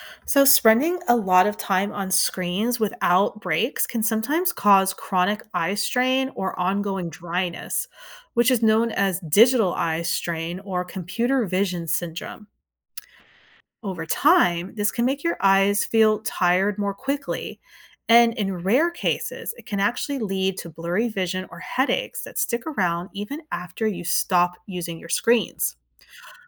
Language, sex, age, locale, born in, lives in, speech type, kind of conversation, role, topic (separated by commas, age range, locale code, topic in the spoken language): English, female, 40-44, en-US, United States, United States, read, expert-assistant, expert, Why do we blink our eyes?